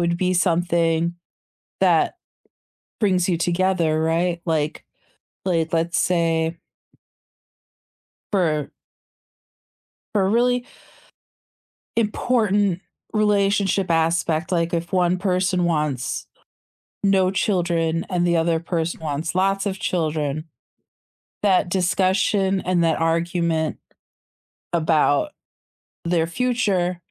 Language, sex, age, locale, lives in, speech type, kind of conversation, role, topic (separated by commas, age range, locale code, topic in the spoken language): English, female, 40-44, en-US, United States, conversation, unstructured, none, How can arguments actually help a couple grow closer?